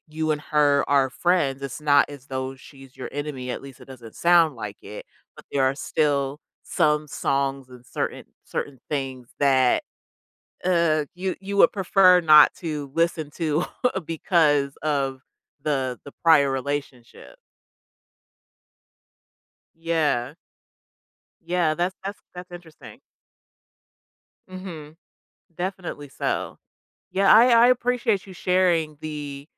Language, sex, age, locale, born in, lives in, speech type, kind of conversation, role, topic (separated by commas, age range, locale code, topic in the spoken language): English, female, 30-34, en-US, United States, United States, conversation, podcast, host, Why do certain music moments stay with us throughout our lives?